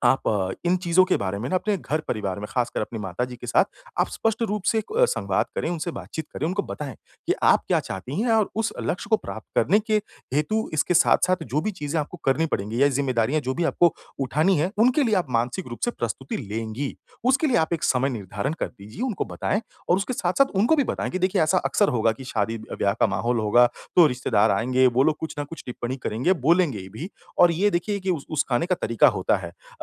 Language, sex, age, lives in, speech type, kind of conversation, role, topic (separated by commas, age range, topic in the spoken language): Hindi, male, 30-34, India, conversation, advice, advisor, परिवार की अपेक्षाओं और दबाव के कारण होने वाले तनाव से मैं कैसे निपटूँ?